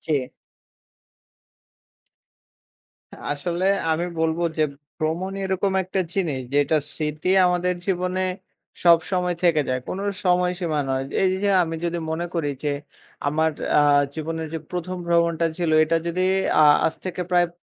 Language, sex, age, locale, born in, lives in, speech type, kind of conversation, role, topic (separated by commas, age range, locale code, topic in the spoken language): Bengali, male, 20-24, bn-BD, Bangladesh, Bangladesh, conversation, podcast, guest, কোন ভ্রমণ তোমাকে সবচেয়ে আলাদাভাবে বদলে দিয়েছে, আর কেন?